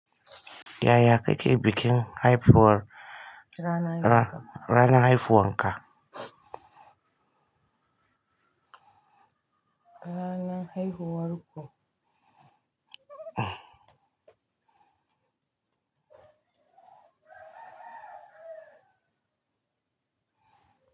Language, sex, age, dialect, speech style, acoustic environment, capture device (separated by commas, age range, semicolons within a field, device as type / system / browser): Hausa, female, 45-54, Nigeria - Kano, happy; relaxed; focused, nature; silence; appliances, mobile / Linux / Chrome